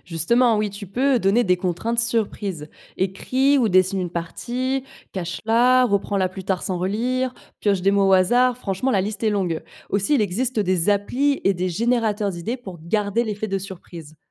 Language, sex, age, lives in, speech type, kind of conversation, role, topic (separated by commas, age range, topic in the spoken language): French, female, 25-29, France, read, expert-assistant, expert, Quand j’ai plusieurs envies en tête, comment choisir par quoi commencer pour avancer vraiment ?